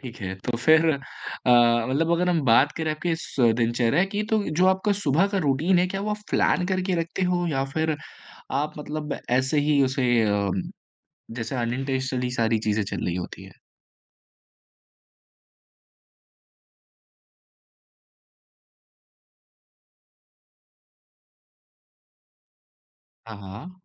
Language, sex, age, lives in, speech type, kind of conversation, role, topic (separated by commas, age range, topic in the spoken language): Hindi, male, 25-29, India, conversation, podcast, host, Tum apni subah ki routine kaise banate ho?